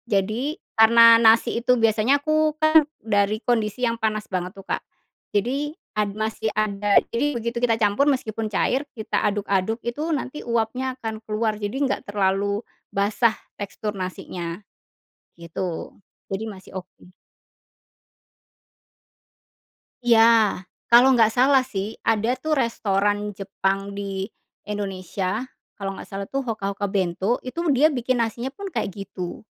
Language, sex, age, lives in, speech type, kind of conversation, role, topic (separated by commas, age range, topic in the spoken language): Indonesian, female, 40-44, Indonesia, conversation, podcast, guest, Bagaimana cara Anda mengurangi konsumsi gula sehari-hari?